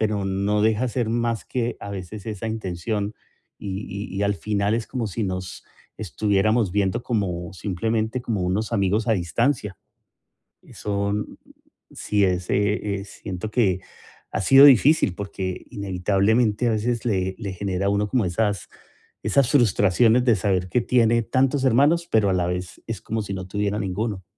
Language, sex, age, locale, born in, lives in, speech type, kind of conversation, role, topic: Spanish, male, 45-49, es-CO, Colombia, United States, conversation, advice, user, ¿Cómo puedo mejorar la comunicación con mis hermanos adultos?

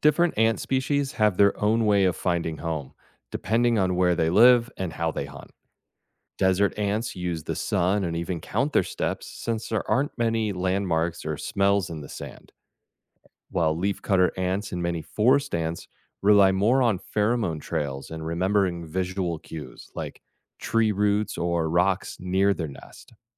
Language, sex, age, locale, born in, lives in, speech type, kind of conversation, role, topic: English, male, 40-44, en-US, United States, United States, read, expert-assistant, expert, How do ants find their way back to the nest?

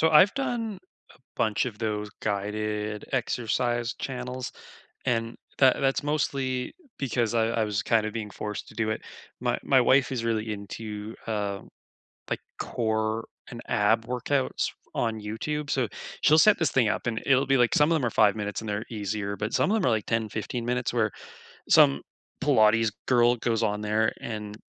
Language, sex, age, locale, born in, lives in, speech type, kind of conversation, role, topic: English, male, 25-29, en-CA, Canada, Canada, conversation, unstructured, none, What YouTube DIY channels, tips, and takeaways have helped you learn the most?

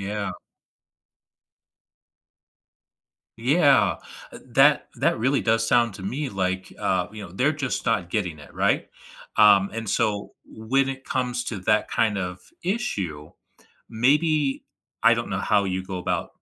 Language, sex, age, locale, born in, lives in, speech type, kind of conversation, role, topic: English, male, 35-39, en-US, United States, United States, conversation, advice, advisor, How can I balance work deadlines without sacrificing my personal life?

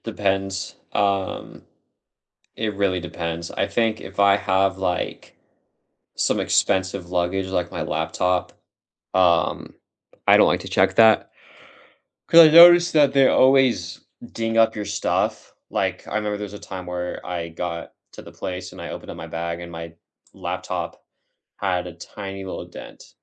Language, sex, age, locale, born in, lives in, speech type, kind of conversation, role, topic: English, male, 25-29, en-US, United States, United States, conversation, unstructured, none, What packing hacks help you travel light without missing essentials?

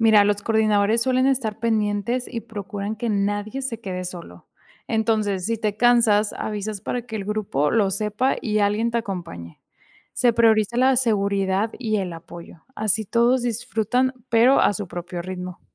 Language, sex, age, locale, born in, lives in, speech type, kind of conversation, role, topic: Spanish, female, 25-29, es-MX, Mexico, Mexico, read, expert-assistant, expert, ¿Cuáles son las fuentes más confiables para informarse sobre senderismo y rutas al aire libre en Latinoamérica?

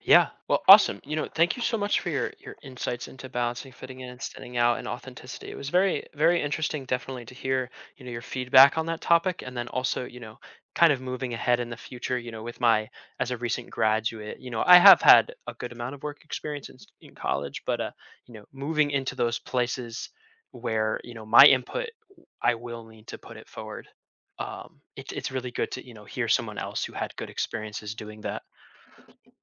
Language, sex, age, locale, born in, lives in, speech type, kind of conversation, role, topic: English, male, 20-24, en-US, United States, United States, conversation, podcast, host, What makes it challenging to stay true to yourself while wanting to belong?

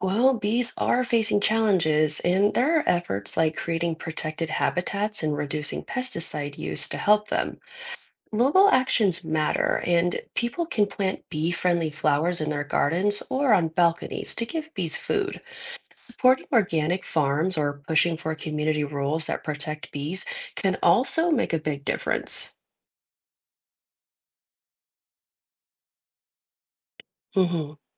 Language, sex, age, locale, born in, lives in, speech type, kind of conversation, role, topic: English, female, 30-34, en-US, United States, United States, read, expert-assistant, expert, What role do bees play in our ecosystem?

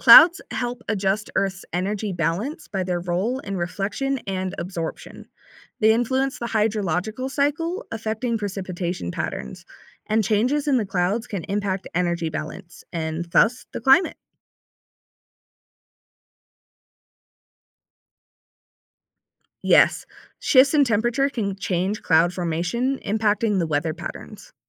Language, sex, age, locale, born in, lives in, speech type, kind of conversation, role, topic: English, female, 20-24, en-US, United States, United States, read, expert-assistant, expert, How do clouds stay in the sky?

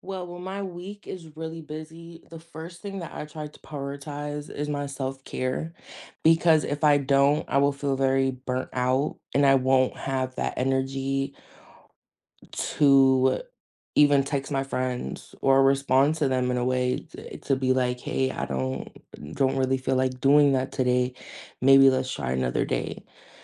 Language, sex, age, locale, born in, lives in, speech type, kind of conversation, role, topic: English, female, 20-24, en-US, United States, United States, conversation, unstructured, none, How do you make time for what—and who—matters most in everyday life?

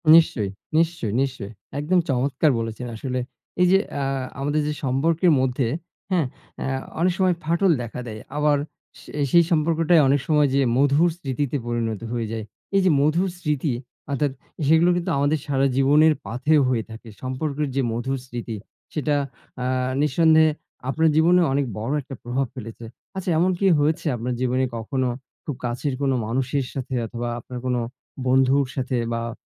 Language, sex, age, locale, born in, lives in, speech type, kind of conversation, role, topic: Bengali, male, 40-44, bn-BD, Bangladesh, Bangladesh, conversation, unstructured, none, তোমার মতে সম্পর্কের সবচেয়ে মধুর স্মৃতি কোনটি?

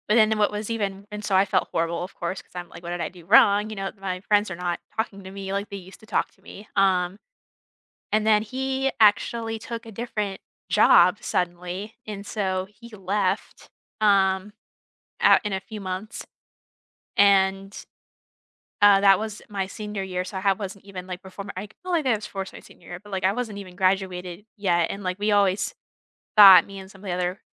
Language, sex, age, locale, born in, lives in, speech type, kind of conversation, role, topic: English, female, 20-24, en-US, United States, United States, conversation, unstructured, none, How do you manage the pain when grief feels unfair?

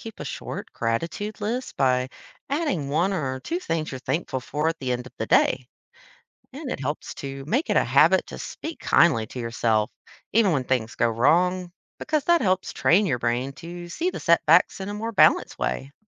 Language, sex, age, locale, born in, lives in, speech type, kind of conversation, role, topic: English, female, 30-34, en-US, United States, United States, read, expert-assistant, expert, How does having a positive attitude influence your life?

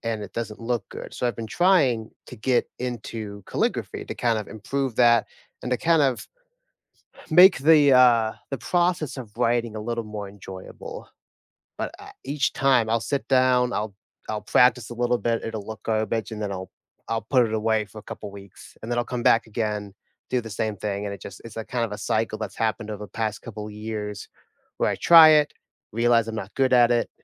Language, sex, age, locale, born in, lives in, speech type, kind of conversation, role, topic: English, male, 25-29, en-US, United States, United States, conversation, unstructured, none, What hobby have you tried but gave up on quickly?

